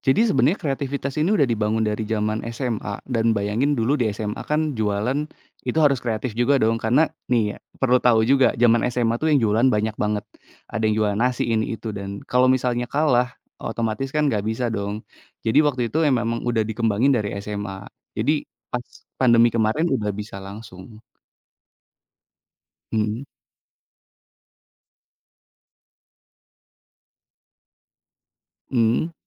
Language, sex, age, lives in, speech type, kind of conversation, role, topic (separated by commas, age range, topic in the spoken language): Indonesian, male, 30-34, Indonesia, conversation, podcast, guest, Apa yang paling kamu nikmati dari memasak kreatif?